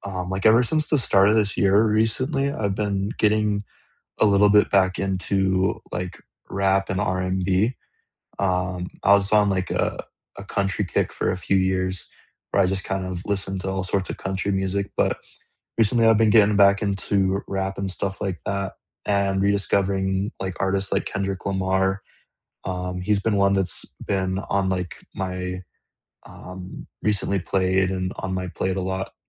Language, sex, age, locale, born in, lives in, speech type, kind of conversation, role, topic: English, male, 20-24, en-US, United States, United States, conversation, unstructured, none, What songs, podcasts, and quiet moments make up the soundtrack of your week?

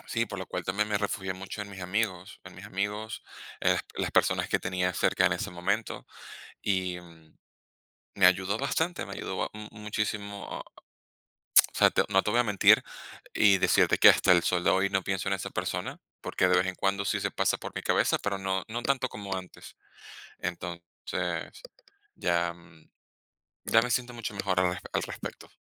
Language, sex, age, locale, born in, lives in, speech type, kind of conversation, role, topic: Spanish, male, 30-34, es-VE, Venezuela, Spain, conversation, advice, user, ¿Cómo has vivido la baja autoestima después de una ruptura amorosa reciente?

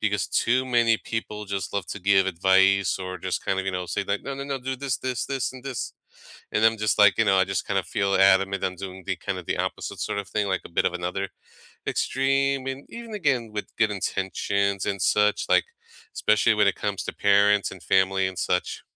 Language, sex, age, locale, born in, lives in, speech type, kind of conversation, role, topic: English, male, 35-39, en-US, United States, United States, conversation, unstructured, none, How do you ask for help and offer support to others?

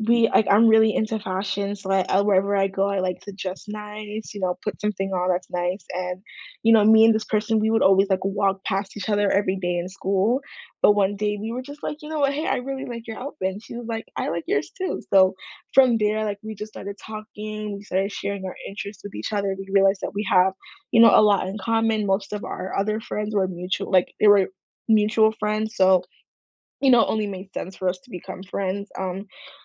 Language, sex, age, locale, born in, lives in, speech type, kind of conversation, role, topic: English, female, 18-19, en-US, United States, United States, conversation, unstructured, none, How did you meet your closest friend or partner?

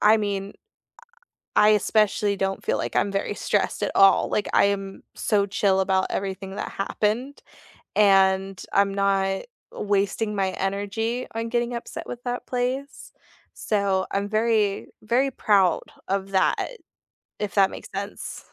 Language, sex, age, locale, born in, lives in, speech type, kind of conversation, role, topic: English, female, 25-29, en-US, United States, United States, conversation, unstructured, none, What challenge did you overcome this month, big or small?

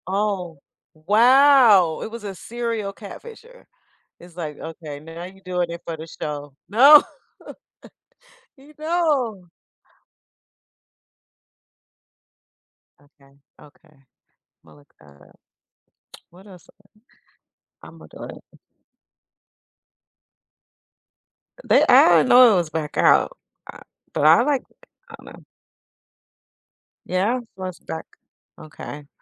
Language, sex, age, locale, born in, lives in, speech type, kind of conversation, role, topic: English, female, 35-39, en-US, United States, United States, conversation, unstructured, none, What reality TV moments can’t you stop talking about, and why?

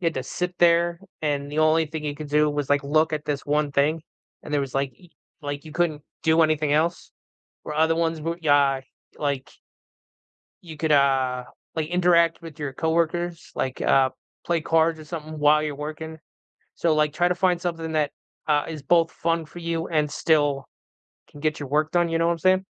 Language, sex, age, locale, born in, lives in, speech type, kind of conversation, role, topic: English, male, 40-44, en-US, United States, United States, conversation, unstructured, none, What advice would you give to someone who is starting their career?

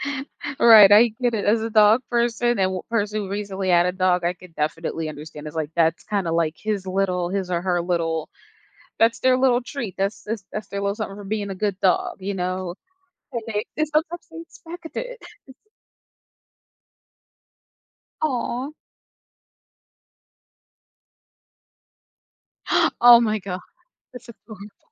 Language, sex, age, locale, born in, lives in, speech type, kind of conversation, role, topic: English, female, 25-29, en-US, United States, United States, conversation, advice, advisor, How can I manage bills and start building an emergency fund when my monthly income is unpredictable?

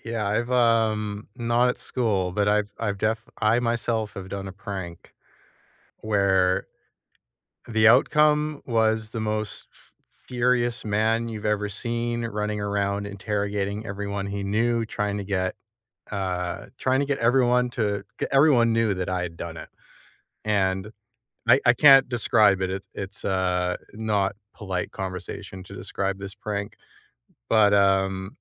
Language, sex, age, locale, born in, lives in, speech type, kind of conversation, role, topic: English, male, 35-39, en-CA, Canada, Canada, conversation, unstructured, none, What is a school memory that still makes you smile today, and what makes it special to you?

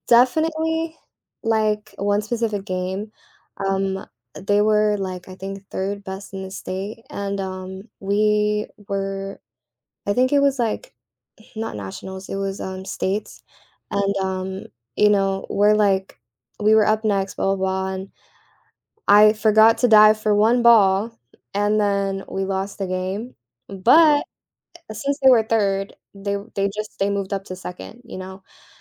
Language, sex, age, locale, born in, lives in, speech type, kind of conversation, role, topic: English, female, 18-19, en-US, United States, United States, conversation, podcast, guest, How can we turn our mistakes into opportunities for growth?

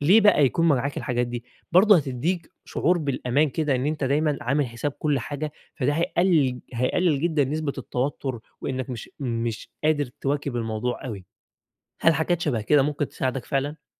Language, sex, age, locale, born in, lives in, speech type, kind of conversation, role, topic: Arabic, male, 20-24, ar-EG, Egypt, Egypt, conversation, advice, advisor, إزاي أتعامل مع خوفي وقلقي وأنا بسافر وبستكشف أماكن جديدة؟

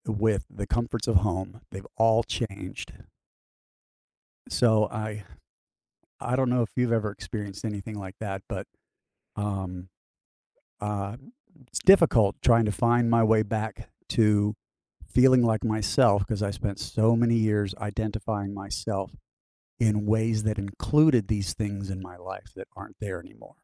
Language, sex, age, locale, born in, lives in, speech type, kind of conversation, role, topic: English, male, 55-59, en-US, United States, United States, conversation, advice, user, How can I move forward after a recent loss?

